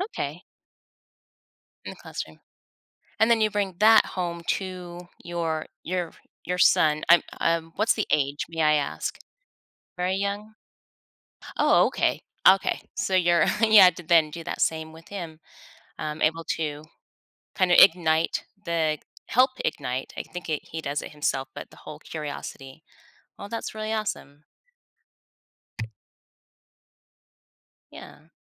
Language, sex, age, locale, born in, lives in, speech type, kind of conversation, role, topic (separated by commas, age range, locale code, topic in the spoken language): English, female, 50-54, en-US, United States, United States, conversation, podcast, host, How do your values and experiences shape what success means to you?